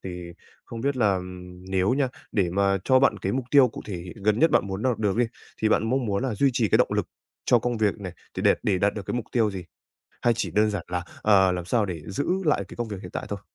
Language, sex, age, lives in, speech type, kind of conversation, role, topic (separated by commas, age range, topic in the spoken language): Vietnamese, male, 20-24, Japan, conversation, advice, advisor, Làm sao tôi có thể duy trì động lực hằng ngày khi thường xuyên mất tập trung?